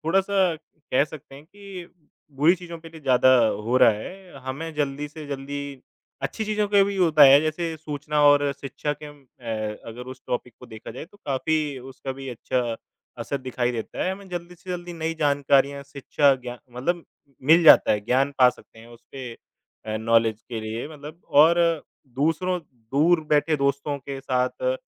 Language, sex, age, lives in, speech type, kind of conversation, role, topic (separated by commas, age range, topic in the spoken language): Hindi, male, 25-29, India, conversation, unstructured, none, क्या आपको लगता है कि सामाजिक माध्यम हमारी ज़िंदगी को खराब कर रहे हैं?